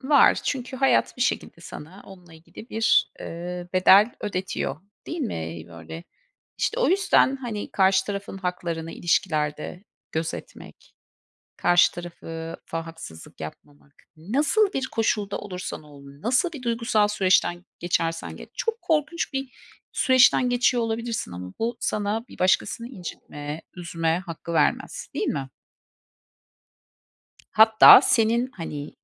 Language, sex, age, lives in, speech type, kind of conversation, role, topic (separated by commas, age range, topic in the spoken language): Turkish, female, 45-49, United States, conversation, unstructured, none, Romantik bir ilişkide sürekli tartışmak normal mi?